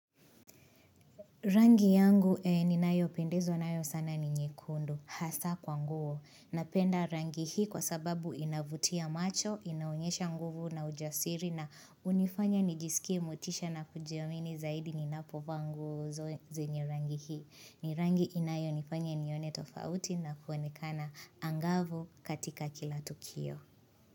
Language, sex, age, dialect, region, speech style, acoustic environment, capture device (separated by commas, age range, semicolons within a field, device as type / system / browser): Swahili, female, 25-34, Kenya - Nairobi Sheng-influenced, Kenya, happy, silence, mobile / Linux / Chrome